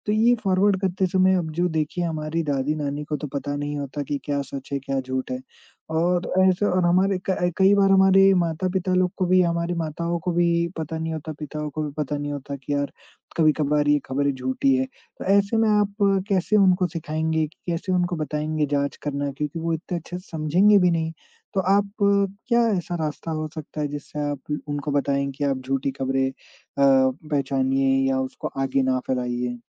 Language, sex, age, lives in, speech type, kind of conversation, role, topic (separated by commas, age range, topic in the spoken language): Hindi, male, 20-24, India, conversation, podcast, host, फर्जी खबरों की भरमार में आप सच की पहचान कैसे करते हैं?